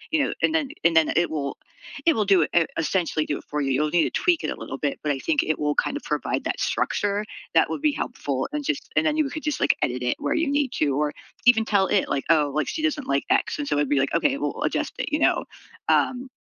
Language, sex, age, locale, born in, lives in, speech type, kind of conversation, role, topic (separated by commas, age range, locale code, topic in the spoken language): English, female, 45-49, en-US, United States, United States, conversation, advice, advisor, How can I plan a meaningful surprise for my partner?